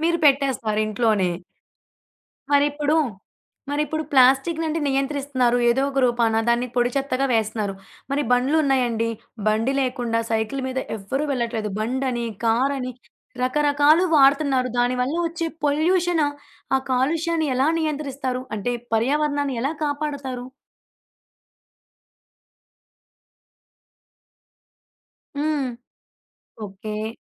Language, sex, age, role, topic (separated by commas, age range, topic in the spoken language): Telugu, female, 20-24, host, పర్యావరణ పరిరక్షణలో ప్రతి వ్యక్తి బాధ్యత ఏమిటి?